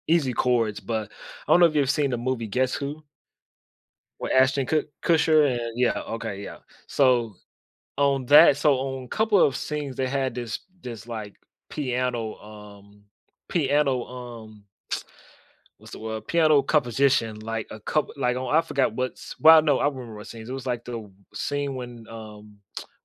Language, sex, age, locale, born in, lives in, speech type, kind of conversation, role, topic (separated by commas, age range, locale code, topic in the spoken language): English, male, 25-29, en-US, United States, United States, conversation, unstructured, none, What hobby would you try if you had unlimited time and money?